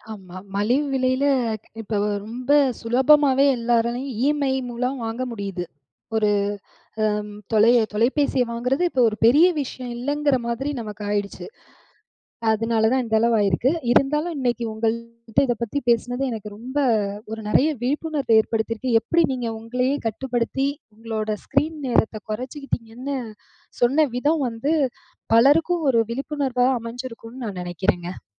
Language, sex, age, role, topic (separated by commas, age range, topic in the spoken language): Tamil, female, 35-39, host, நீங்கள் தினசரி திரை நேரத்தை எப்படிக் கட்டுப்படுத்துகிறீர்கள்?